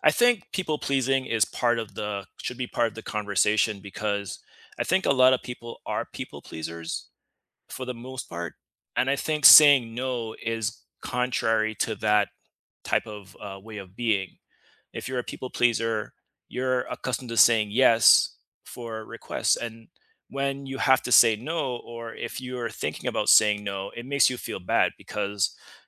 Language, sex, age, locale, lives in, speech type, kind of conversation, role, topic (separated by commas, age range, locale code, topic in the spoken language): English, male, 35-39, en-US, United States, conversation, unstructured, none, How can you say no without feeling guilty while keeping your relationships strong?